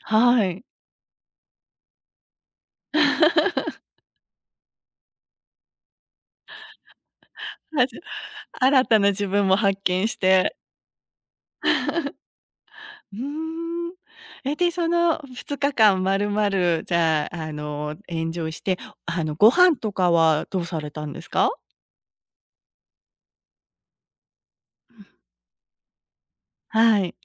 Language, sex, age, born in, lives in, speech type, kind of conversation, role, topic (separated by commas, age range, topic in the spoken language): Japanese, female, 50-54, Japan, United States, conversation, podcast, host, 旅を通して得た最も大切な教訓は何ですか？